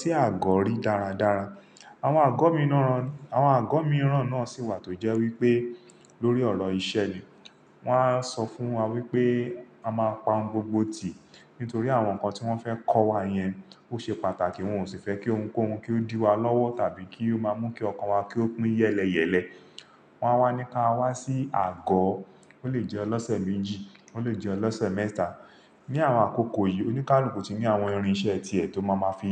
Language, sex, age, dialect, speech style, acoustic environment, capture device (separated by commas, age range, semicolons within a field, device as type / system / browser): Yoruba, male, 35-44, Nigeria - Lagos Yoruba, focused; relaxed, silence, mobile / Linux / Chrome